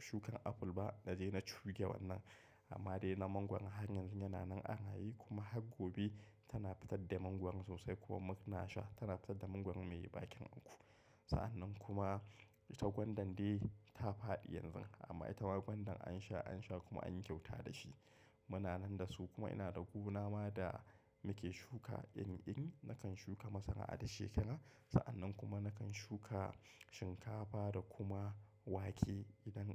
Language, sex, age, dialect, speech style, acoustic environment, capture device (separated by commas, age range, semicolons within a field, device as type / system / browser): Hausa, male, 25-34, Nigeria - Kano, happy; focused, appliances; silence; nature, mobile / macOS / Safari